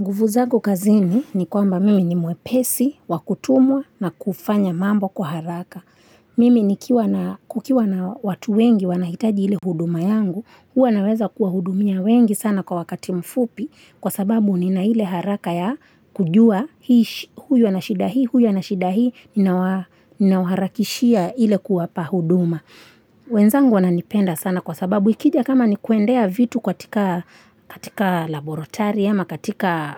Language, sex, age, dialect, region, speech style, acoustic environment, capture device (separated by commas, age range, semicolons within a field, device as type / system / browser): Swahili, female, 25-34, Kenya - Mombasa, Kenya, relaxed, nature, mobile / Linux / Chrome